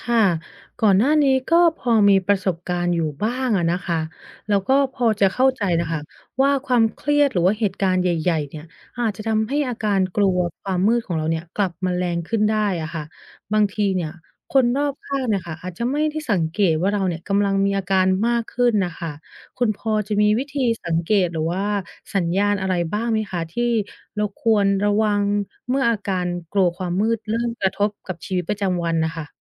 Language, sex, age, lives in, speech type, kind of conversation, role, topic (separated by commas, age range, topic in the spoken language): Thai, female, 30-34, United States, read, expert-assistant, asker, ทำไมบางคนกลัวความมืด